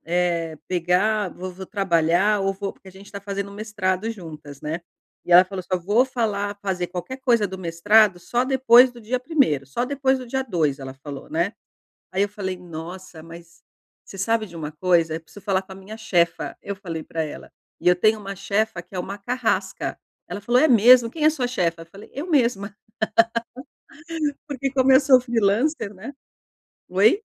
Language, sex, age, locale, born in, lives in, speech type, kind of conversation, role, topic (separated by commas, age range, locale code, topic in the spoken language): Portuguese, female, 50-54, pt-BR, Brazil, Portugal, conversation, advice, user, Por que me distraio tanto quando tento relaxar em casa?